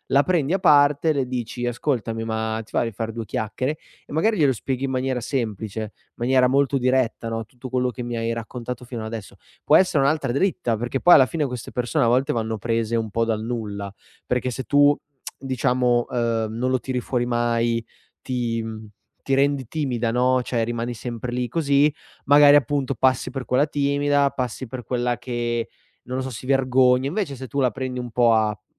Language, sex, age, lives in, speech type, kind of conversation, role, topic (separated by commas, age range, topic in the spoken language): Italian, male, 25-29, Italy, conversation, advice, advisor, Come dovresti reagire a una critica non richiesta sul tuo aspetto o sul tuo comportamento?